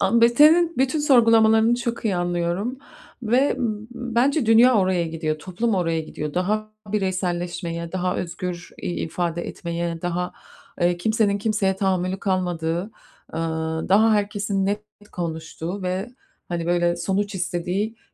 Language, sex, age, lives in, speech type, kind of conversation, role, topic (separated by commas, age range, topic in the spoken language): Turkish, female, 35-39, United States, conversation, advice, advisor, Sosyal hayatımı geliştirmekte veya anlamlı ilişkiler kurmakta neden zorlanıyorum?